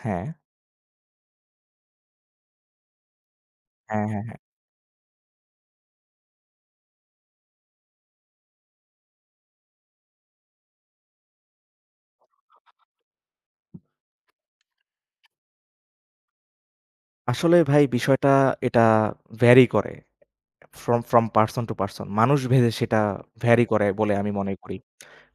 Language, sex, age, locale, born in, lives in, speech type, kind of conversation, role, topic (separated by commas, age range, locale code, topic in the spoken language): Bengali, male, 25-29, bn-BD, Bangladesh, Bangladesh, conversation, unstructured, none, ভালোবাসার মানুষের সঙ্গে একসঙ্গে সময় কাটানো কীভাবে সম্পর্ক গড়ে তোলে?